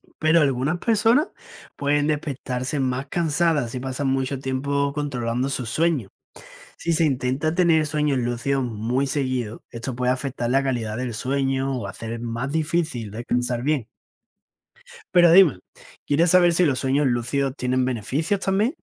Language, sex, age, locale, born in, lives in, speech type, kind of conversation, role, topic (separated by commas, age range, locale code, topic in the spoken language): Spanish, male, 20-24, es-ES, Spain, Spain, read, expert-assistant, expert, ¿Por qué los seres humanos sueñan al dormir?